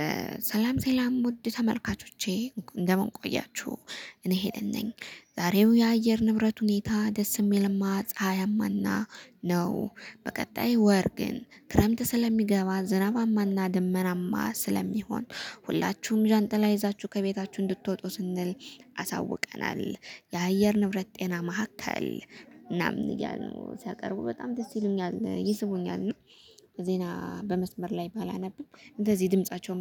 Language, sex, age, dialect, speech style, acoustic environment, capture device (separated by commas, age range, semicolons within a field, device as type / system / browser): Amharic, female, 25-34, Ethiopia - Gondar, nervous, silence, mobile / Linux / Chrome